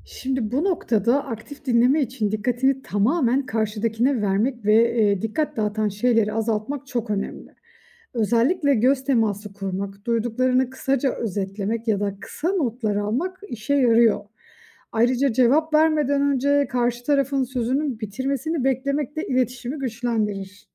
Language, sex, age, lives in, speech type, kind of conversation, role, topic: Turkish, female, 35-39, Austria, read, expert-assistant, expert, İlişkilerde aktif dinleme becerileri nasıl geliştirilir ve günlük hayatta hangi pratiklerle uygulanabilir?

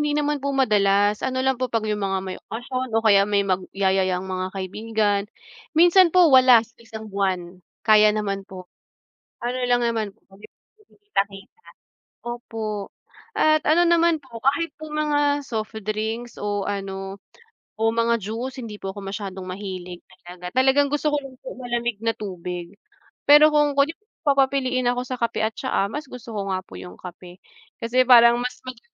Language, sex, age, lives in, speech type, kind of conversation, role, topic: Filipino, female, 30-34, Philippines, conversation, unstructured, none, Sa pagitan ng kape at tsaa, alin ang pipiliin mo?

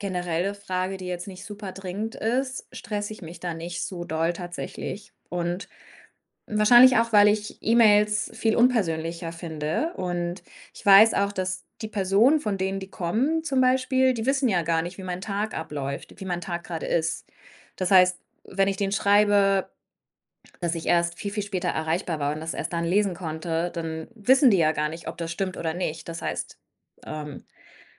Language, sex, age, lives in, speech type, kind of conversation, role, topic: German, female, 30-34, Germany, conversation, podcast, guest, Wie setzt du Grenzen, damit du nicht ständig erreichbar sein musst?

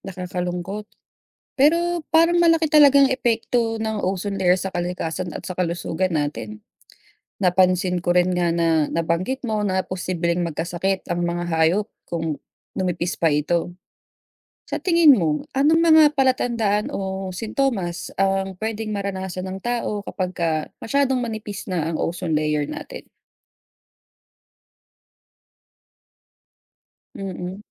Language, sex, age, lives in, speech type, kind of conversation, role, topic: Filipino, female, 35-39, Philippines, read, expert-assistant, asker, Ano ang dahilan ng pagnipis ng ozone layer?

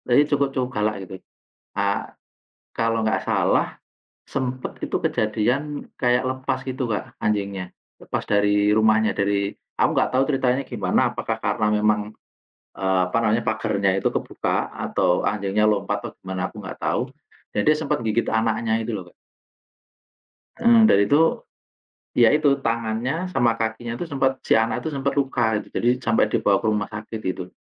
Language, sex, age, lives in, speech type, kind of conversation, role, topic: Indonesian, male, 40-44, Indonesia, conversation, unstructured, none, Apakah kamu setuju dengan larangan membiarkan hewan peliharaan berkeliaran bebas?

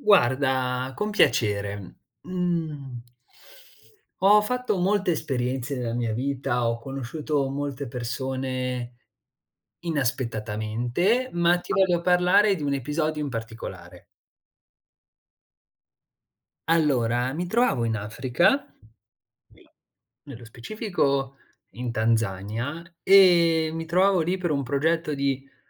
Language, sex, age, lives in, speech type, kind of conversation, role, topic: Italian, male, 20-24, Italy, conversation, podcast, guest, Puoi raccontarmi di un incontro inaspettato con la gente del posto?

